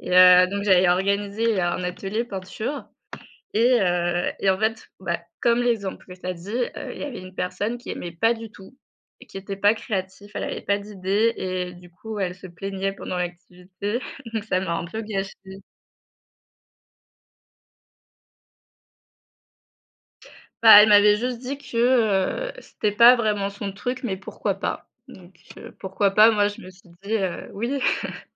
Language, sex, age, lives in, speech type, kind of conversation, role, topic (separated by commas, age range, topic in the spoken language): French, female, 25-29, France, conversation, unstructured, none, Est-il juste d’imposer ses goûts en matière de loisirs à ses proches ?